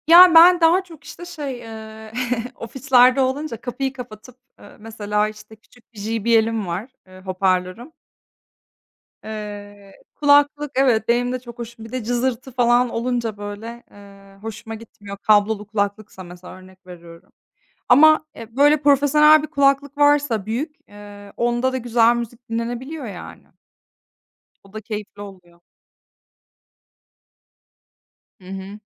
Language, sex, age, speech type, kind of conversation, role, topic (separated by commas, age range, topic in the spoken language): Turkish, female, 30-34, conversation, unstructured, none, Çalışırken motivasyonunu nasıl koruyorsun?